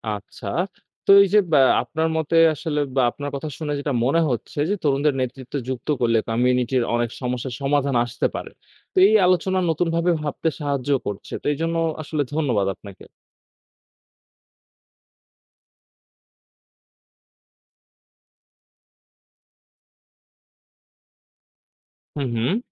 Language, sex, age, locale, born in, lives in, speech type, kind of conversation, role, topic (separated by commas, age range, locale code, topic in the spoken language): Bengali, male, 20-24, bn-BD, Bangladesh, Bangladesh, read, expert-assistant, asker, কিছু দেশে দারিদ্র্য কমাতে প্রচেষ্টা নেওয়া হলেও, অনেক সময় তা সফল হয় না কেন? সমস্যাটা কোথায় হচ্ছে বলে মনে হয়?